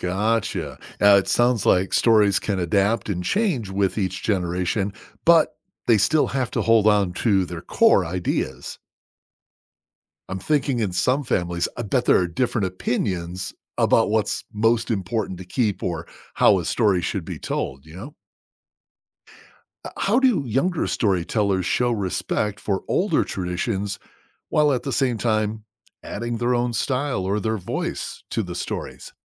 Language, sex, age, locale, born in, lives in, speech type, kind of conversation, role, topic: English, male, 50-54, en-US, United States, United States, read, expert-assistant, asker, How do cultures use storytelling to transmit knowledge?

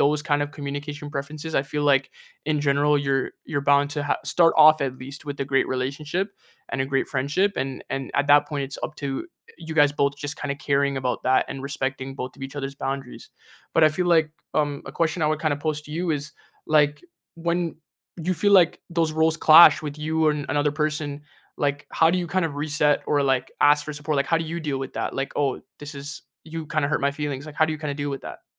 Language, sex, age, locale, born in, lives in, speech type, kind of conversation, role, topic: English, male, 20-24, en-CA, Canada, Canada, conversation, unstructured, none, How can you create fair, flexible relationship roles and expectations that strengthen your connection today?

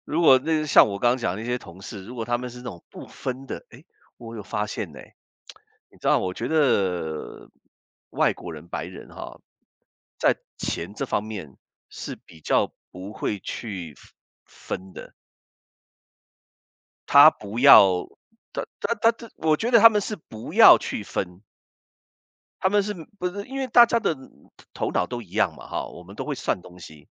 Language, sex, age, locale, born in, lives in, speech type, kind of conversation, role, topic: Chinese, male, 55-59, zh-CN, Taiwan, United States, conversation, unstructured, none, 你如何区分一笔开销是“需要”还是“想要”？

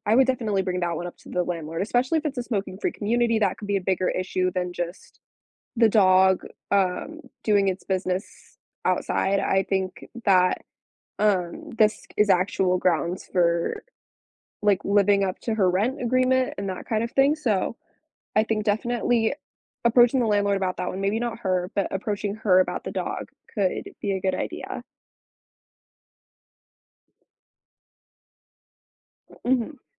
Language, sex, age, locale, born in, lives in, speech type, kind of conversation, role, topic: English, female, 18-19, en-US, United States, United States, conversation, advice, advisor, How can I calmly resolve ongoing conflicts with a difficult neighbor?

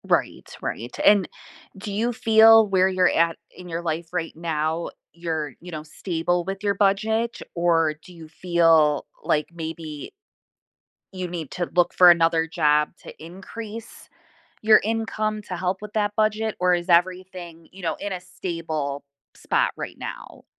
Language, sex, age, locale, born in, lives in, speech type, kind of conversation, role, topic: English, female, 40-44, en-US, United States, United States, conversation, podcast, host, How can creating a budget help you manage your money and achieve your financial goals?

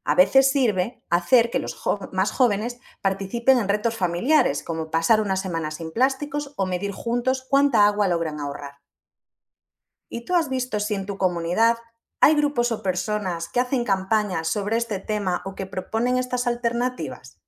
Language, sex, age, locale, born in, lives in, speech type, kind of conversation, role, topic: Spanish, female, 30-34, es-ES, Spain, Portugal, read, expert-assistant, expert, ¿De qué forma la educación ambiental puede cambiar los hábitos de una comunidad?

